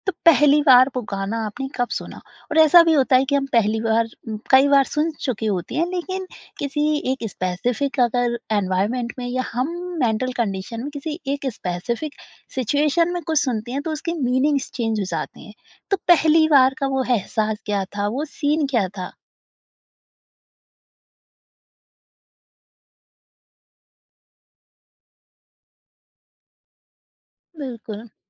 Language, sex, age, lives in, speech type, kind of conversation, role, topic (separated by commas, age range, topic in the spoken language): Hindi, female, 20-24, India, conversation, podcast, host, Kya kabhi kisi gaane se tumhari koi bahut strong personal yaad judi hai?